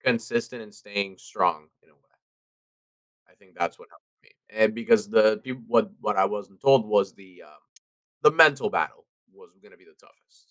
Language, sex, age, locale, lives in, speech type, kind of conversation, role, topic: English, male, 20-24, en-US, United States, conversation, podcast, host, What daily habits help people stay healthy?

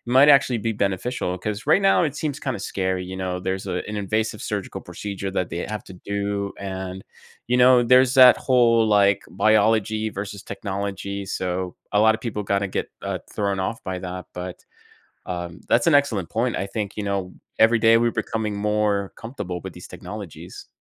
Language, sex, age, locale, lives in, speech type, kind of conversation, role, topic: English, male, 30-34, en-US, United States, conversation, podcast, host, In what ways has technology transformed the way we live and interact every day?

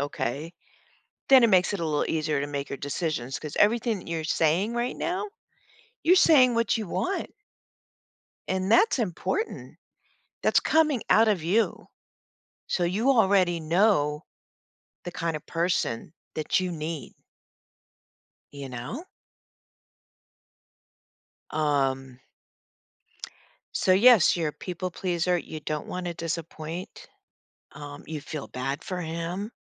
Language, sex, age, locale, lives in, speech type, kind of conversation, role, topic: English, female, 60-64, en-US, United States, conversation, advice, advisor, How can I stop repeatedly disappointing my partner and rebuild trust?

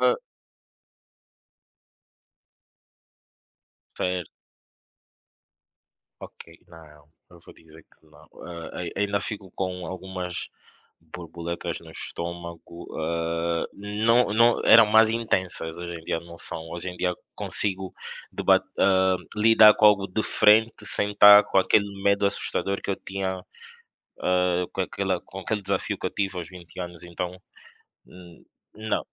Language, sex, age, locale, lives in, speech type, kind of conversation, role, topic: Portuguese, male, 25-29, pt-PT, Portugal, conversation, unstructured, none, O que você aprendeu com os seus maiores desafios?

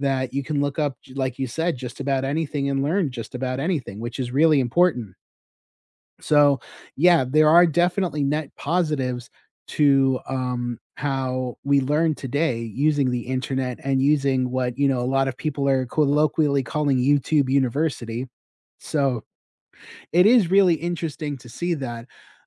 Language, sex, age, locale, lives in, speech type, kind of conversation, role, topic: English, male, 35-39, en-US, United States, conversation, unstructured, none, How does technology affect the way we learn today?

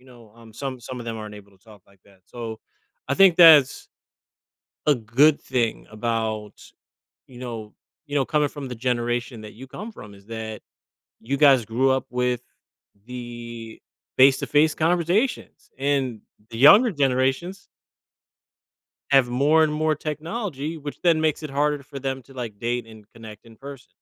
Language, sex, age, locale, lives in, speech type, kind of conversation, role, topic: English, male, 35-39, en-US, United States, conversation, advice, advisor, How can I open up more in my relationship?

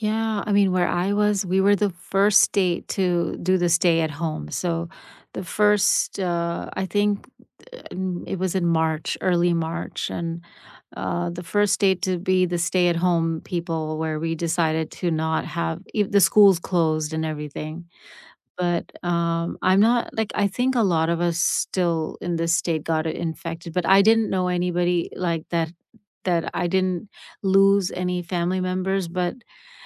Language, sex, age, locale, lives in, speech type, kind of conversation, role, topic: English, female, 50-54, en-US, United States, conversation, unstructured, none, Which world events have felt like turning points in your life, and why?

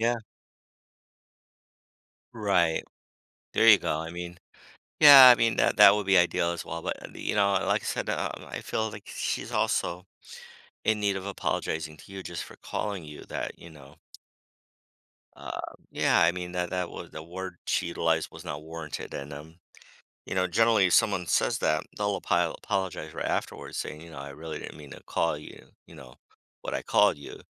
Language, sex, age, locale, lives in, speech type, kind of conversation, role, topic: English, male, 55-59, en-US, United States, conversation, advice, advisor, How can I bring up a difficult topic with my partner?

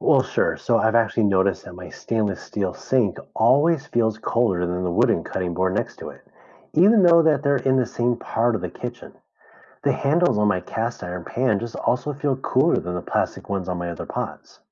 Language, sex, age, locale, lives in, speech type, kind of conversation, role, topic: English, male, 35-39, en-US, United States, read, expert-assistant, asker, Why does metal feel colder than wood at the same temperature?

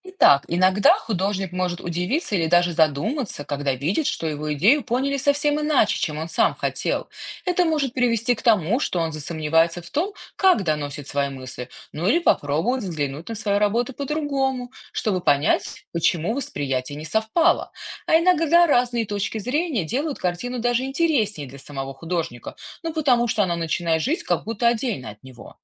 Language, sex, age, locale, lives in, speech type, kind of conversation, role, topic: Russian, female, 35-39, ru-RU, United States, read, expert-assistant, expert, Почему люди рисуют картины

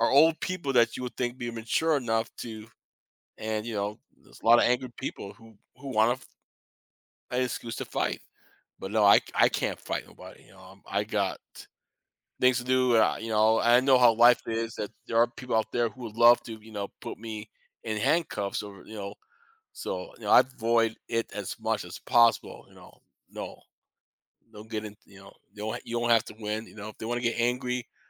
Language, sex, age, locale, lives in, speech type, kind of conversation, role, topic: English, male, 50-54, en-US, United States, conversation, podcast, host, How do you approach resolving conflicts in different areas of your life?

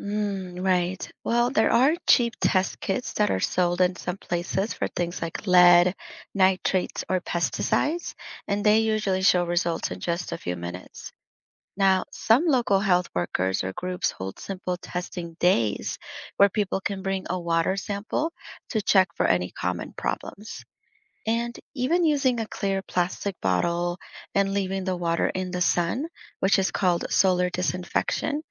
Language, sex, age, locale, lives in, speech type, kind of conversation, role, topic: English, female, 30-34, en-US, United States, read, expert-assistant, expert, What makes it so difficult to provide clean drinking water to everyone worldwide?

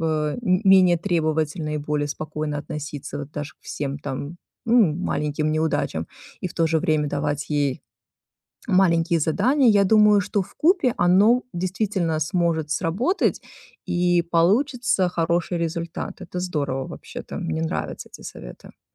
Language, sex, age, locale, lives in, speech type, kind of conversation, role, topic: Russian, female, 40-44, ru-RU, United States, conversation, advice, user, Как мне научиться делегировать задачи и перестать стремиться всё контролировать?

